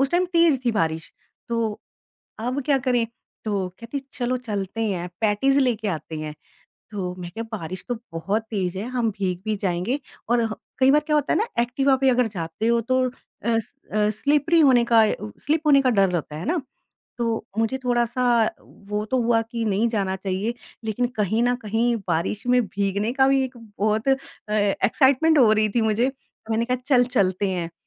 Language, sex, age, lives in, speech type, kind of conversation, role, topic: Hindi, female, 45-49, India, conversation, podcast, guest, Baarish ke din enjoy karne ke liye tumhara koi khaas ritual hai?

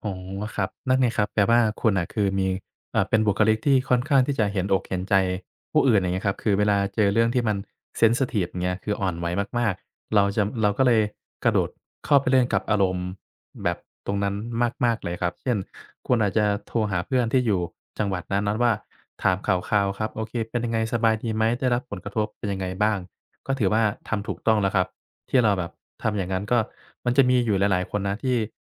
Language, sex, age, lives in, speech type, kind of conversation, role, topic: Thai, male, 25-29, Thailand, conversation, advice, advisor, ทำไมคุณถึงถูกกระตุ้นอารมณ์จากคำพูดหรือเหตุการณ์เล็กๆ น้อยๆ ได้ง่าย?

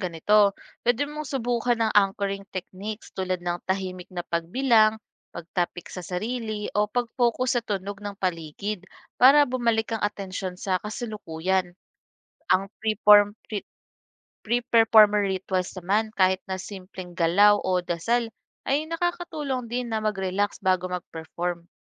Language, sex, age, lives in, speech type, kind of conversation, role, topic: Filipino, female, 25-29, Philippines, read, expert-assistant, expert, Paano mo mahahanap ang iyong natatanging boses o istilo bilang performer?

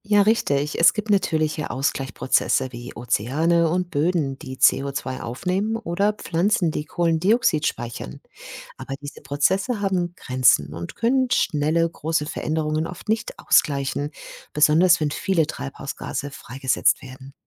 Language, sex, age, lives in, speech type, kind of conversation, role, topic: German, female, 50-54, Germany, read, expert-assistant, expert, Wie kann ich mehr darüber lernen, was unsere Erde von anderen Planeten unterscheidet?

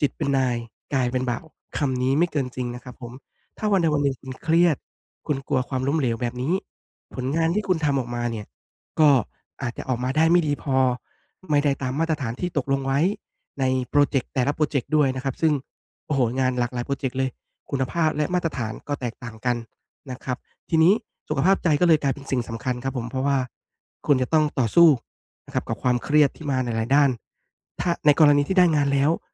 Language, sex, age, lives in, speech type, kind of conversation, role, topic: Thai, male, 30-34, Thailand, conversation, advice, advisor, ฉันจะเริ่มลงมือทำได้อย่างไรแม้กลัวว่าจะล้มเหลว?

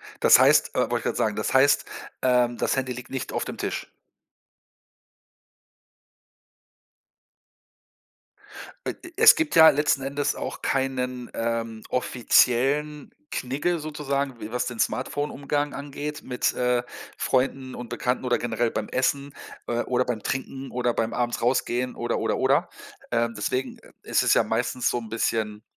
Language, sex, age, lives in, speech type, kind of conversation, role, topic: German, male, 50-54, Germany, conversation, podcast, host, Wie beeinflusst dein Smartphone deinen Alltag?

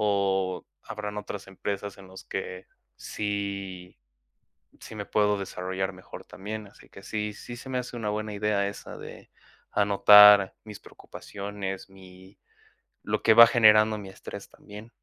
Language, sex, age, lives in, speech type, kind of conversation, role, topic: Spanish, male, 20-24, Mexico, conversation, advice, user, ¿Cómo puedo mantener mis hábitos durante períodos de estrés?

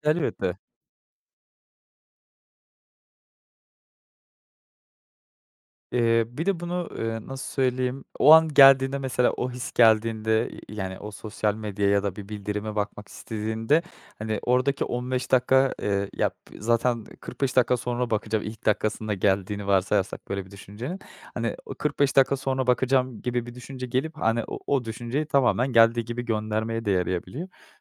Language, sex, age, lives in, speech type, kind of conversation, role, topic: Turkish, male, 25-29, Netherlands, conversation, advice, advisor, Telefon bildirimleri ve sosyal medya dikkatinizi nasıl dağıtıyor?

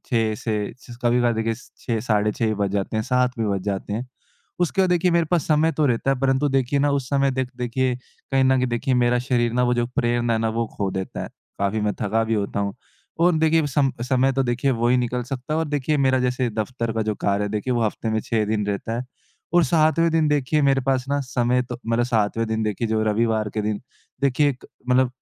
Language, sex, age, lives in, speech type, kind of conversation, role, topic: Hindi, male, 20-24, India, conversation, advice, user, मुझे कसरत करने की प्रेरणा नहीं मिल रही और मैं इसे लगातार टाल रहा/रही हूँ, मैं क्या करूँ?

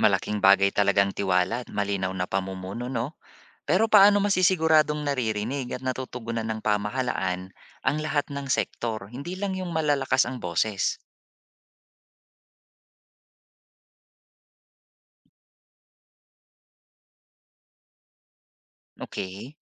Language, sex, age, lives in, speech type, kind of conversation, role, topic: Filipino, male, 40-44, Philippines, read, expert-assistant, asker, Ano ang mga dapat mong isaalang-alang kapag nagpapasya kung susuporta ka sa isang uri ng pamahalaan?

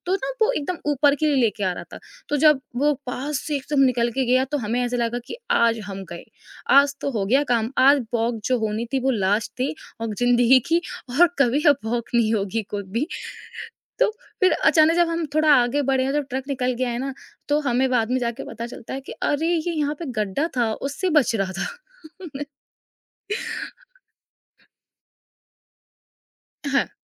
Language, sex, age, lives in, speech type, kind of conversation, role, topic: Hindi, female, 20-24, India, conversation, podcast, guest, Subah ki hawa aur mitti ki khushboo se judi tumhari sabse pasandida yaad kaunsi hai?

